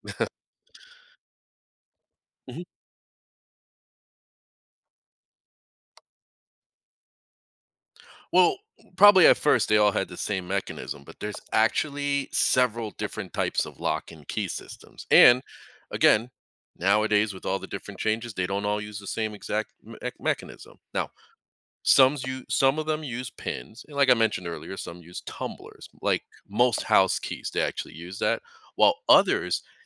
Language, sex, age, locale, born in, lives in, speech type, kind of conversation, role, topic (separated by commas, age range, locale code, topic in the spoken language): English, male, 40-44, en-US, United States, United States, read, expert-assistant, expert, How does a lock and key system work?